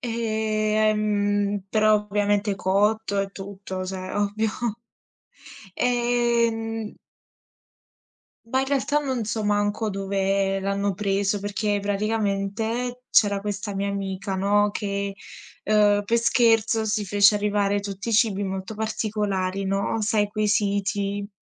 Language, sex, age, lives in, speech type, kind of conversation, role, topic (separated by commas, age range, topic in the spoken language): Italian, female, 20-24, Italy, conversation, unstructured, none, Qual è il cibo più strano che hai mai provato?